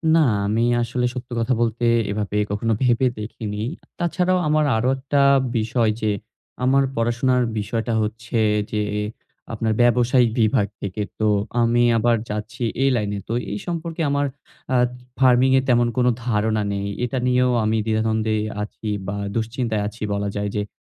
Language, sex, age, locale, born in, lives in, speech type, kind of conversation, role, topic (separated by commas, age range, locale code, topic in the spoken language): Bengali, male, 20-24, bn-BD, Bangladesh, Bangladesh, conversation, advice, user, উদ্যোগ শুরু করার আগে আর্থিক ও সামাজিক ব্যর্থতার ভয় কীভাবে সামলাবেন?